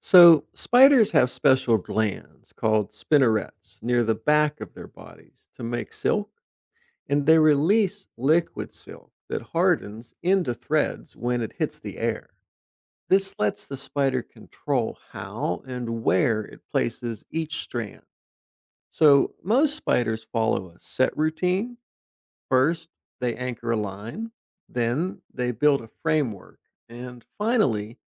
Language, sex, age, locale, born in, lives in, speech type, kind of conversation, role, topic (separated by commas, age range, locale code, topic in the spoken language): English, male, 50-54, en-US, United States, United States, read, expert-assistant, expert, How do spiders build and use their webs?